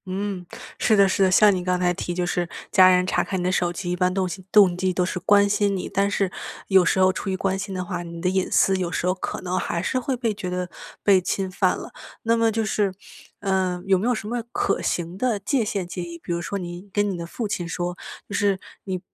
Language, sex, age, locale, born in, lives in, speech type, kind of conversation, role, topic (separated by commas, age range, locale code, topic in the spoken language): Chinese, female, 25-29, zh-CN, China, United States, conversation, podcast, host, 家人之间互相查看手机可以吗?